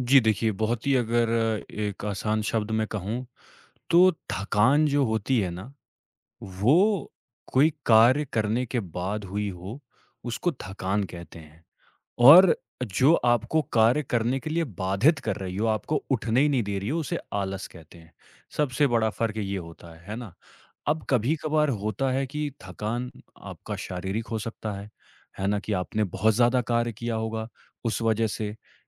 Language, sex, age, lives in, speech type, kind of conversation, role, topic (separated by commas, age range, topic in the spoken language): Hindi, male, 25-29, India, conversation, podcast, guest, आप थकान और आलस्य में कैसे फर्क करते हैं?